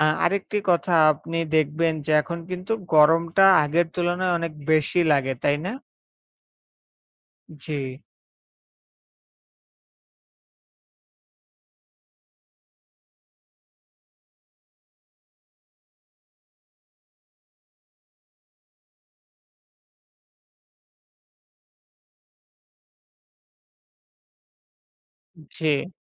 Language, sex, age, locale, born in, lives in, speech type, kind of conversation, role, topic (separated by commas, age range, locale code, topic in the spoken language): Bengali, male, 20-24, bn-BD, Bangladesh, Bangladesh, conversation, unstructured, none, গাছ কাটার ফলে আমাদের পরিবেশে কী ধরনের বিপদ ঘটছে?